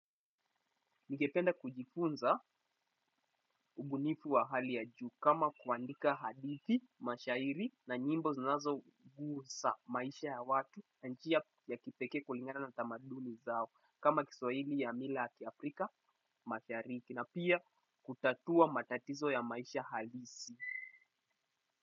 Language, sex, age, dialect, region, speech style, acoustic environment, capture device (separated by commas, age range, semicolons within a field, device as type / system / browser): Swahili, male, 18-24, Kenya - Mombasa, Kenya, happy, people talking, desktop / Windows / Chrome